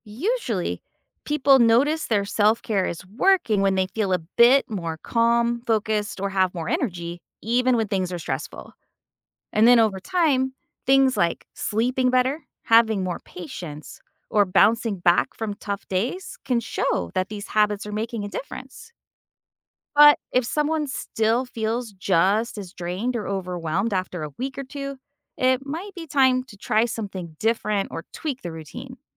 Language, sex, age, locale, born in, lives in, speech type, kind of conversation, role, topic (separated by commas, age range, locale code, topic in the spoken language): English, female, 45-49, en-US, United States, United States, read, expert-assistant, expert, What are the best daily habits for mental health?